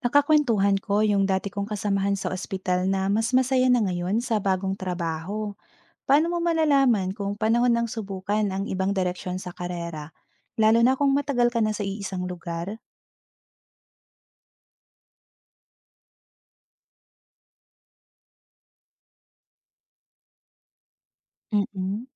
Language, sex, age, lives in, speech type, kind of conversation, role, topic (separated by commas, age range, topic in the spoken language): Filipino, female, 30-34, Philippines, read, expert-assistant, asker, Ano ang magandang proseso para magdesisyon kung kailan at paano lilipat ng trabaho o tatahak ng ibang landas sa karera?